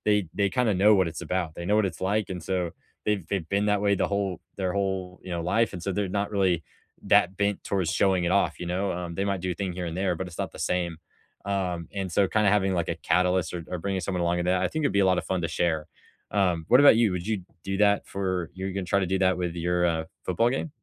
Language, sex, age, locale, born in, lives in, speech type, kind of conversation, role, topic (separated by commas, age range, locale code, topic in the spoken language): English, male, 18-19, en-US, United States, United States, conversation, unstructured, none, Which place would you return to again and again, and why?